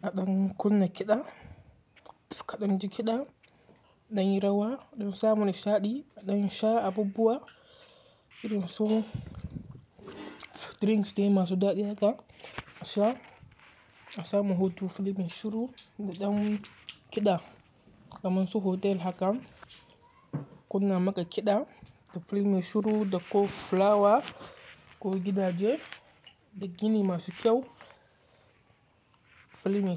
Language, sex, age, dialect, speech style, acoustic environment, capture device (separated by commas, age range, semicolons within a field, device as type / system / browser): Hausa, male, 18-24, Nigeria - Katsina, focused; relaxed, nature, mobile / Linux / Chrome